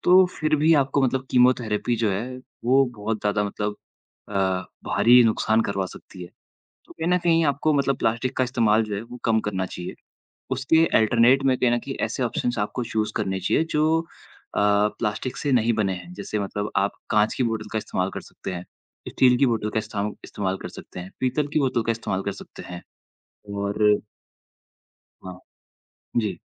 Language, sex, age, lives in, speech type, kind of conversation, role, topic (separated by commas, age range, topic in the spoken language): Hindi, male, 20-24, India, conversation, unstructured, none, प्लास्टिक कूड़ा बढ़ने से हमें डर क्यों लगना चाहिए?